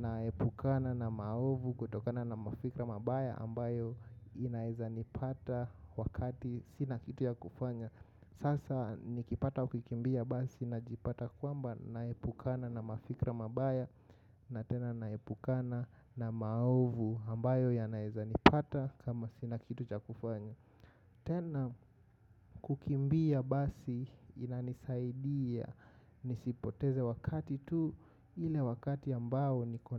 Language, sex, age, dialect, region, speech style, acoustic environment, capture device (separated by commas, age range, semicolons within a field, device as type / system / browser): Swahili, male, 25-34, Kenya - Nairobi Sheng-influenced, Kenya, focused, nature, mobile / macOS / Safari